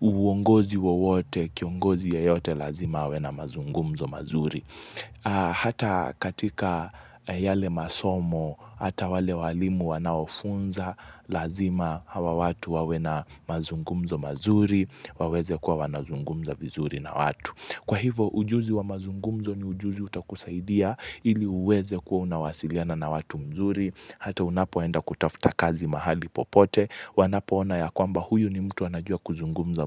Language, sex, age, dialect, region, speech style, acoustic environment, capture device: Swahili, male, 18-24, Kenya - Mombasa, Kenya, focused, nature; silence, mobile / Linux / Chrome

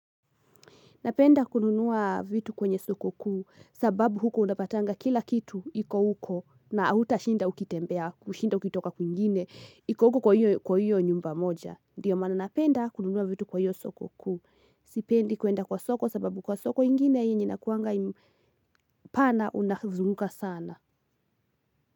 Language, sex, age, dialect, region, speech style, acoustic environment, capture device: Swahili, female, 18-24, Kenya - Kiambu, Kenya, happy, nature; silence, mobile / Linux / Chrome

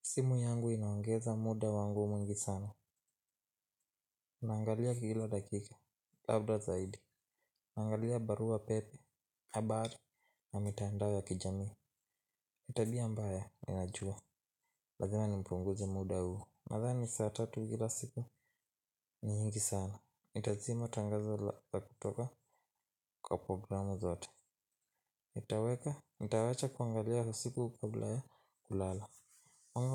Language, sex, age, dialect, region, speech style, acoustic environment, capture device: Swahili, male, 18-24, Kenya - Nairobi Sheng-influenced, Kenya, tired, silence, mobile / Linux / Chrome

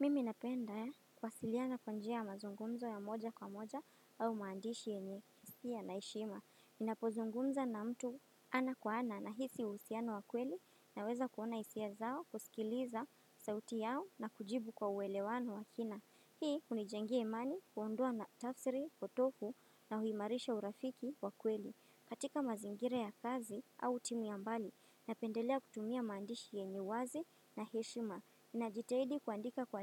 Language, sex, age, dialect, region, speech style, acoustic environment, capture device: Swahili, female, 18-24, Kenya - Mombasa, Kenya, happy, silence, desktop / Windows / Firefox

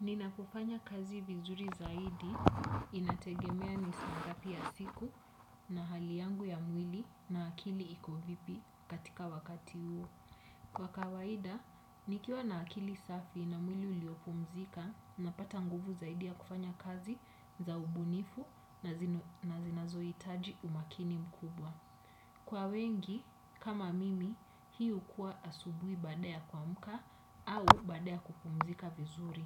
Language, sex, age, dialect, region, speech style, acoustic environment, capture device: Swahili, female, 25-34, Kenya - Nairobi Sheng-influenced, Kenya, happy, nature, desktop / Windows / Chrome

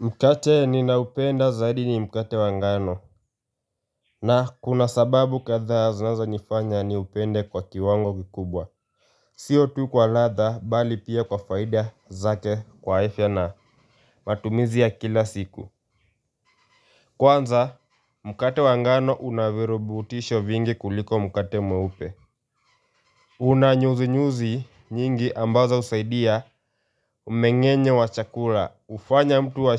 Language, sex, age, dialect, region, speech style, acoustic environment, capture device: Swahili, male, 18-24, Kenya - Nairobi Sheng-influenced, Kenya, focused; relaxed, nature; silence, mobile / Linux / Chrome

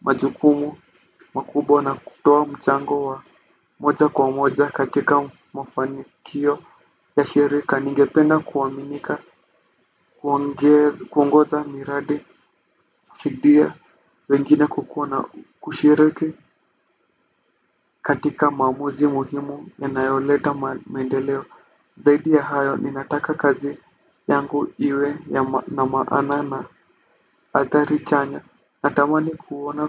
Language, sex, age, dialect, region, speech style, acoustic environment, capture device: Swahili, male, 18-24, Kenya - Nairobi Sheng-influenced, Kenya, relaxed, silence; nature, desktop / Windows / Firefox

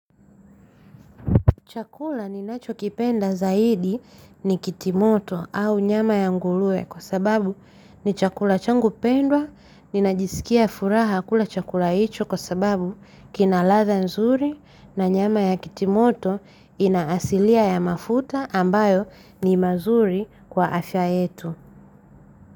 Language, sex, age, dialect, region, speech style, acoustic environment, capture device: Swahili, female, 25-34, Tanzania - Dar es Salaam, Tanzania, happy, silence, mobile / Linux / Chrome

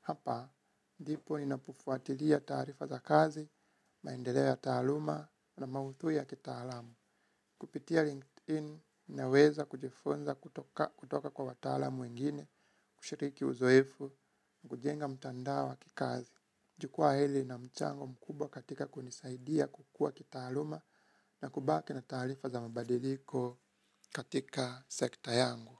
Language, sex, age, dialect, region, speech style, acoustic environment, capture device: Swahili, male, 25-34, Kenya - Nairobi Sheng-influenced, Kenya, relaxed, nature, mobile / Linux / Chrome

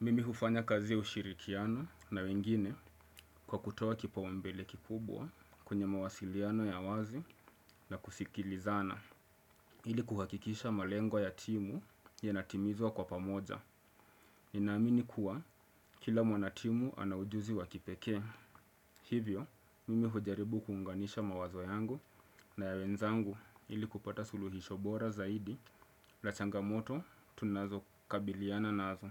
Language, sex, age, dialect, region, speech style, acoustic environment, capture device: Swahili, male, 25-34, Kenya - Mombasa, Kenya, excited; focused; relaxed; happy, silence, desktop / Windows / Chrome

